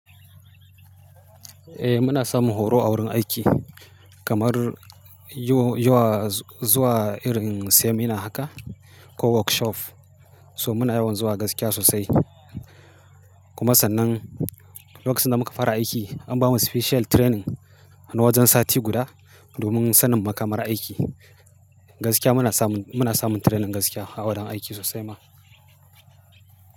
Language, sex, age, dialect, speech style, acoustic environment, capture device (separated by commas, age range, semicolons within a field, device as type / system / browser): Hausa, male, 35-44, Nigeria - Kano, happy; focused, silence, mobile / Linux / Chrome